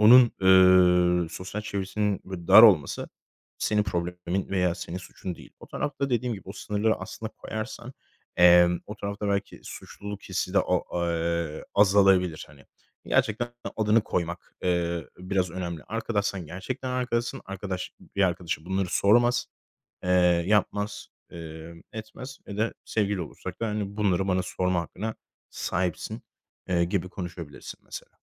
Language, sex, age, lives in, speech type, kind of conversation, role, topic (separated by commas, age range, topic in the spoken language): Turkish, male, 25-29, Spain, conversation, advice, advisor, Sürekli kavga ettiğiniz ilişkinizi anlatır mısınız?